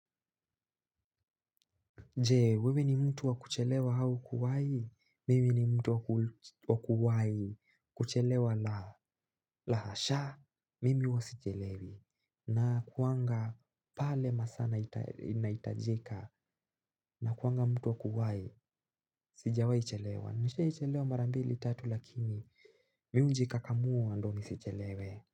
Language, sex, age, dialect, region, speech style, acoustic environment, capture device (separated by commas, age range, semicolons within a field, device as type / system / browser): Swahili, male, 18-24, Kenya - Nairobi Sheng-influenced, Kenya, relaxed, silence, mobile / Linux / Chrome